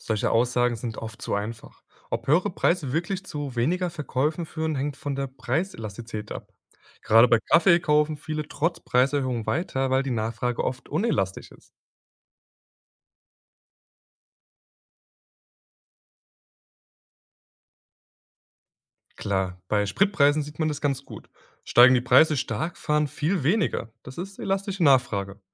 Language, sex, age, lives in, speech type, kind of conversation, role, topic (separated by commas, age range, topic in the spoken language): German, male, 20-24, Germany, read, expert-assistant, expert, Wie erkenne ich, ob eine Aussage über Angebot und Nachfrage wirklich stimmt?